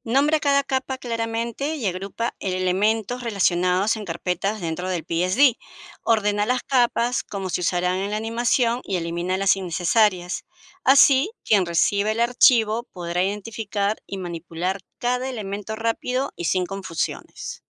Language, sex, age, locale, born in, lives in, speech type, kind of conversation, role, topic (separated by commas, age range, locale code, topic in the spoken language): Spanish, female, 55-59, es-PE, Peru, United States, read, expert-assistant, expert, ¿Qué herramientas o programas de arte digital son prioritarios para aprender hoy en día?